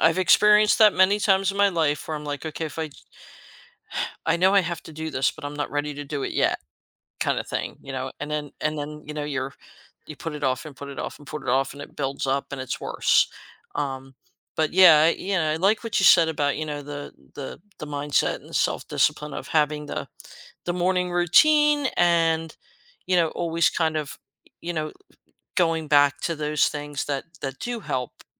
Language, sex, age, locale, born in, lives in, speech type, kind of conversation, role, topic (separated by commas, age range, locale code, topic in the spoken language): English, female, 55-59, en-US, United States, United States, conversation, podcast, host, What strategies have you found most effective for staying focused and getting things done?